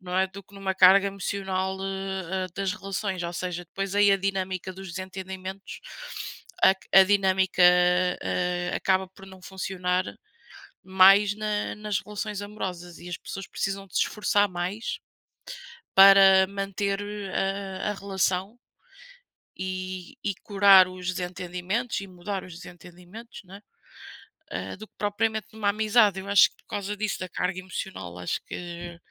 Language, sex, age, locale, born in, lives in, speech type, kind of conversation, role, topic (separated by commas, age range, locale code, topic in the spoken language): Portuguese, female, 30-34, pt-PT, Portugal, Portugal, conversation, unstructured, none, O impacto dos desentendimentos é mais profundo em amizades ou em relacionamentos amorosos?